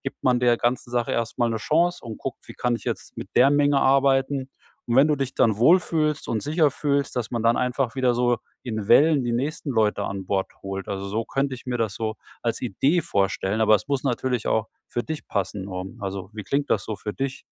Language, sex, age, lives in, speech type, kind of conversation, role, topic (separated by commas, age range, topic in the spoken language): German, male, 45-49, Germany, conversation, advice, advisor, Wie gehe ich mit Frust wegen langsamer Fortschritte um?